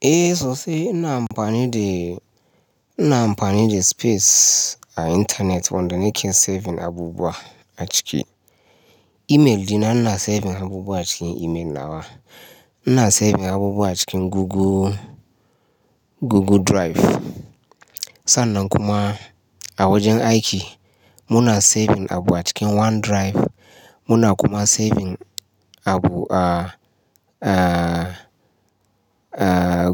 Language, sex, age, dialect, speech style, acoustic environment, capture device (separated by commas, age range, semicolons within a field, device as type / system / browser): Hausa, male, 35-44, Nigeria - Ibadan, relaxed, nature; silence, mobile / Linux / Chrome